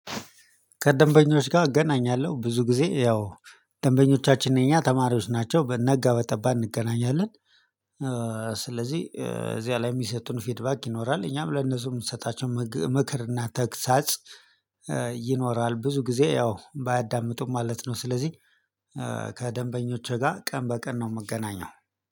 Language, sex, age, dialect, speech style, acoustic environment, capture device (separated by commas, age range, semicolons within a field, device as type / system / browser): Amharic, male, 35-44, Ethiopia - Addis Ababa, excited, silence, mobile / Linux / Chrome